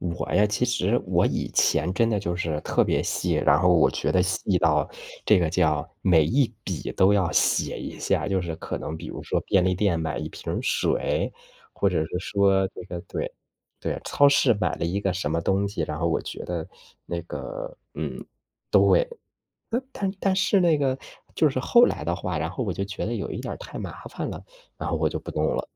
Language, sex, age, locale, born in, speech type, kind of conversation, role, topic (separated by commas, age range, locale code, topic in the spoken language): Chinese, male, 35-39, zh-CN, China, conversation, unstructured, none, 你平时是怎么管理自己的钱的？